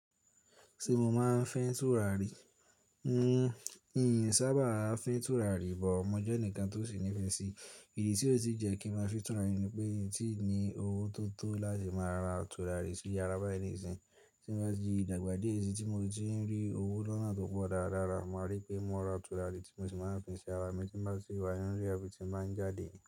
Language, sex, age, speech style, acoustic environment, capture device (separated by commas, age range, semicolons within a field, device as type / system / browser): Yoruba, male, 18-24, stressed, silence; appliances, mobile / Linux / Chrome